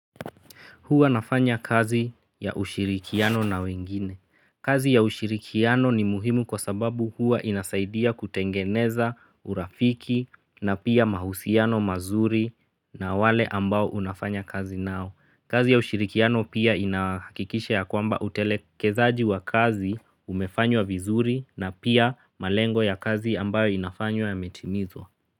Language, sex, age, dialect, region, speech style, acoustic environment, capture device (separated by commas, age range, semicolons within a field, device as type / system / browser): Swahili, male, 25-34, Kenya - Nairobi Sheng-influenced, Kenya, relaxed, nature, mobile / Linux / Chrome